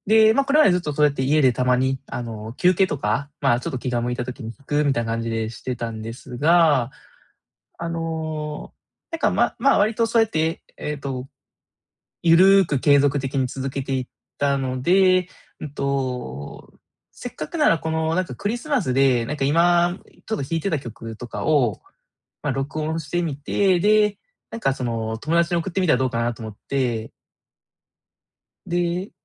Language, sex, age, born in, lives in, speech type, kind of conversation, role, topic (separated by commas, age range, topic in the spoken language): Japanese, male, 30-34, Japan, Japan, conversation, advice, user, モチベーションを維持しながら成果を測るには、どのような評価基準を設定すればよいですか？